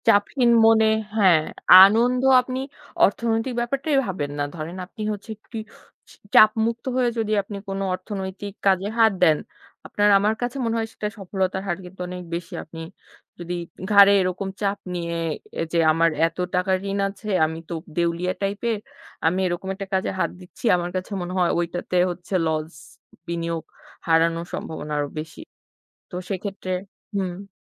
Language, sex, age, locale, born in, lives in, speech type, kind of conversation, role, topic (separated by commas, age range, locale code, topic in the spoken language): Bengali, female, 25-29, bn-BD, Bangladesh, Bangladesh, conversation, unstructured, none, আপনি কীভাবে আপনার ঋণ পরিশোধের কৌশল তৈরি করেন?